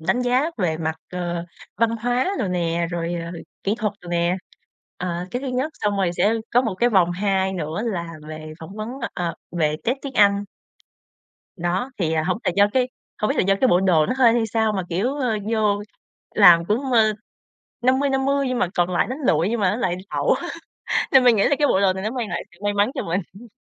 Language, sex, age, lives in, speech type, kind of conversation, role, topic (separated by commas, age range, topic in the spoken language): Vietnamese, female, 25-29, Vietnam, conversation, podcast, guest, Trang phục nào khiến bạn tự tin nhất?